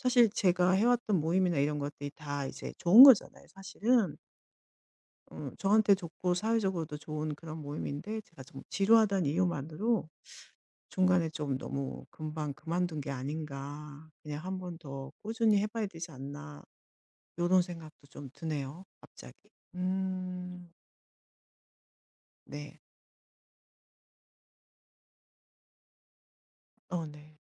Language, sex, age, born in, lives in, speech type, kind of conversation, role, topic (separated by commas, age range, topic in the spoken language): Korean, female, 50-54, South Korea, Germany, conversation, advice, user, 이사 온 뒤 사회적 연결이 끊긴 것 같아 외롭고 집이 그리운데, 다시 사람들과 연결되려면 어떻게 하면 좋을까요?